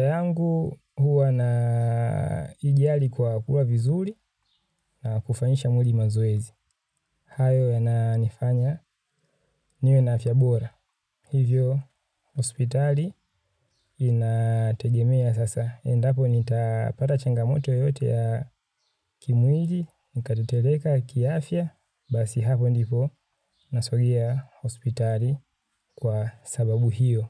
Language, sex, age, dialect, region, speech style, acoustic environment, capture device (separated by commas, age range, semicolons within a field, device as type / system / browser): Swahili, male, 25-34, Tanzania - Dar es Salaam, Tanzania, happy; relaxed, nature; people talking; construction; appliances; silence; traffic, mobile / Linux / Chrome